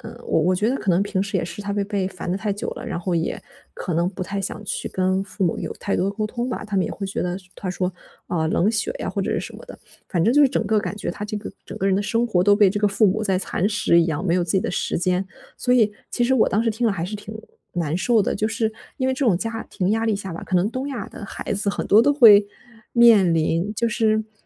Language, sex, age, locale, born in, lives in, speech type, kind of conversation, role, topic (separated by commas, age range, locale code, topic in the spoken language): Chinese, female, 25-29, zh-CN, China, Germany, conversation, advice, user, 在家庭压力下，我如何保持自我？